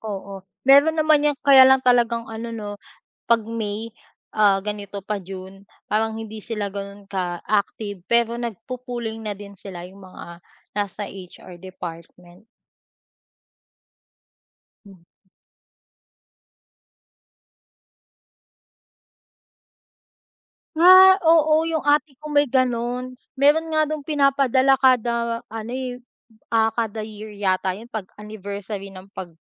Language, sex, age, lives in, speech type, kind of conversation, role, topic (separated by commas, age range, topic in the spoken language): Filipino, female, 25-29, Philippines, conversation, unstructured, none, Ano ang palagay mo tungkol sa pag-iipon para sa kinabukasan?